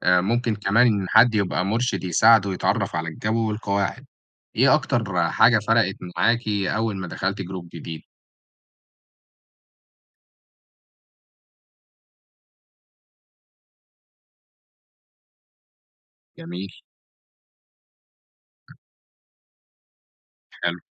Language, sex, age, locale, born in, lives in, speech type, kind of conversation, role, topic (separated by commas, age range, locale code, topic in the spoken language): Arabic, male, 18-19, ar-EG, Egypt, Egypt, read, expert-assistant, expert, إزاي أرتب وأدير مجموعة جيمرز أونلاين، خصوصًا في الألعاب اللي تعتمد على التعاون زي ألعاب التيمز أو الكلانز؟